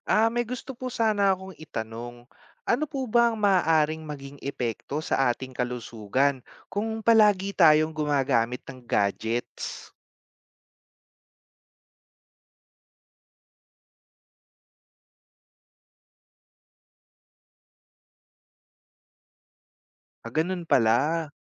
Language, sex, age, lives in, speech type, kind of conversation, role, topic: Filipino, male, 30-34, Philippines, read, expert-assistant, asker, Ano ang epekto ng labis na paggamit ng gadgets sa kalusugan?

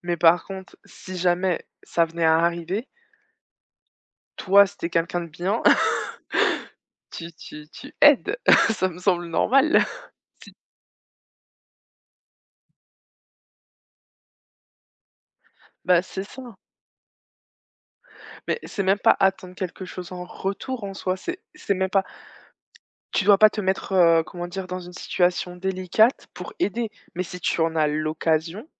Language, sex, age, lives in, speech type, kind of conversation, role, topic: French, female, 25-29, France, conversation, unstructured, none, Quelle est votre approche pour cultiver la gratitude au quotidien ?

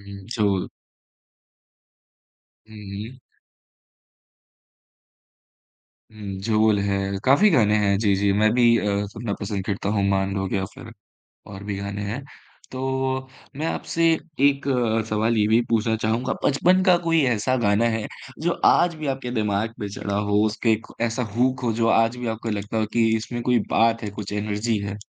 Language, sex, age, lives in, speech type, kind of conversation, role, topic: Hindi, male, 20-24, India, conversation, podcast, host, बचपन के पसंदीदा गानों और आज के गानों में क्या अंतर है?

